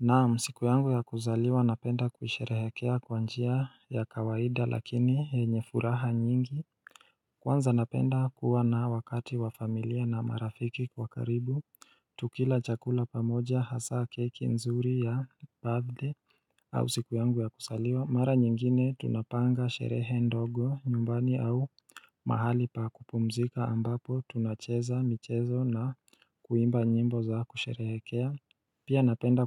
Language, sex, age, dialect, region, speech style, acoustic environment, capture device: Swahili, male, 25-34, Kenya - Mombasa, Kenya, relaxed, silence, mobile / Linux / Chrome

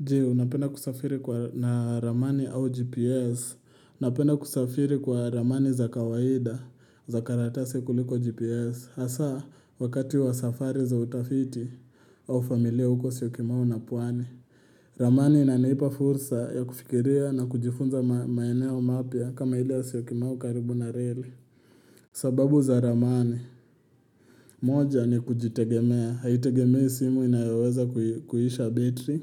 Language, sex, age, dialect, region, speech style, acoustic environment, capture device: Swahili, male, 18-24, Kenya - Nairobi Sheng-influenced, Kenya, happy, silence, mobile / Linux / Chrome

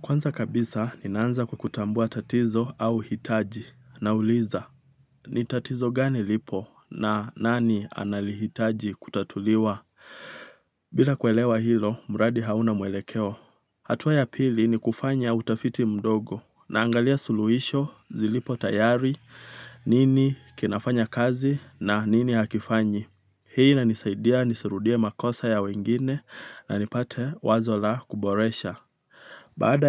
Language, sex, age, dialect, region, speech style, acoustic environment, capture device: Swahili, male, 25-34, Kenya - Nairobi Sheng-influenced, Kenya, relaxed, nature, mobile / Linux / Chrome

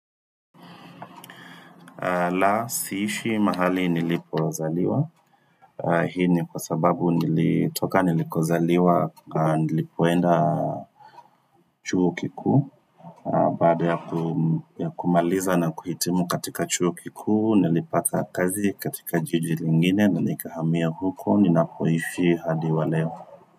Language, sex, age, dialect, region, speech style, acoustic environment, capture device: Swahili, male, 25-34, Kenya - Nairobi Sheng-influenced, Kenya, focused, silence, desktop / Windows / Firefox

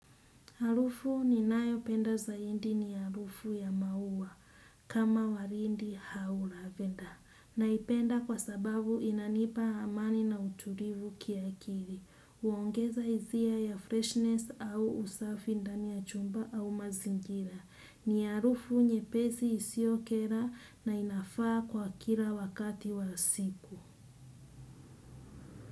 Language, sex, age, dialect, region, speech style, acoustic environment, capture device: Swahili, female, 25-34, Kenya - Mombasa, Kenya, relaxed, nature; silence, desktop / Windows / Chrome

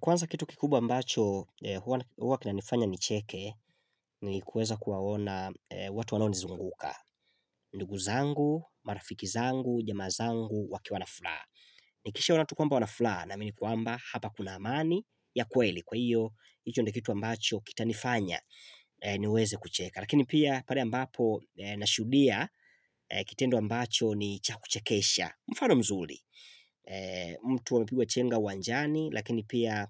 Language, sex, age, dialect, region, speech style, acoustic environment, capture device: Swahili, male, 25-34, Tanzania - Dar es Salaam, Tanzania, excited; focused; happy, silence, mobile / Linux / Chrome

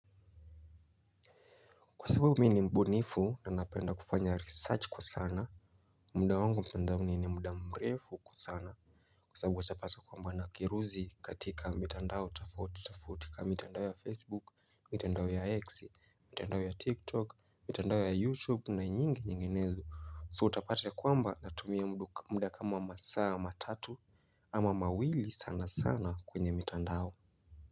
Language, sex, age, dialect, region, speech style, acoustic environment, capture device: Swahili, male, 25-34, Kenya - Mombasa, Kenya, happy; relaxed; focused, nature, mobile / Linux / Chrome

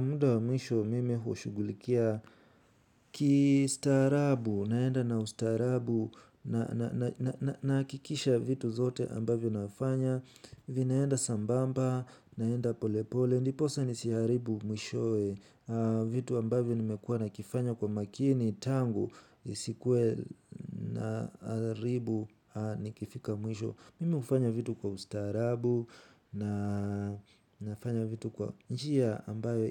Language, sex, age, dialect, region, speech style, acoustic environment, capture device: Swahili, male, 18-24, Kenya - Nairobi Sheng-influenced, Kenya, focused, nature, mobile / Linux / Chrome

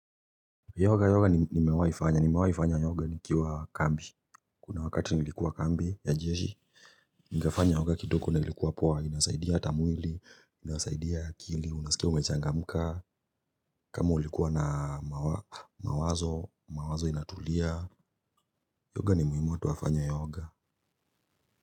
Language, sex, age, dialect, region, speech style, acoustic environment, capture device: Swahili, male, 25-34, Kenya - Nairobi Sheng-influenced, Kenya, happy, silence, mobile / Linux / Chrome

